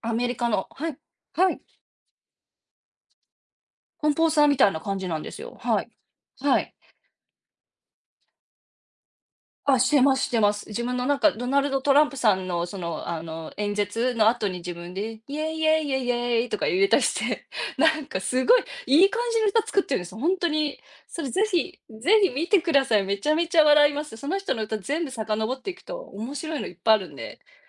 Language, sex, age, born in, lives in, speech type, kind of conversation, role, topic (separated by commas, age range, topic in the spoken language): Japanese, female, 40-44, Japan, United States, conversation, unstructured, none, テクノロジーを使って友達と笑った思い出は何ですか？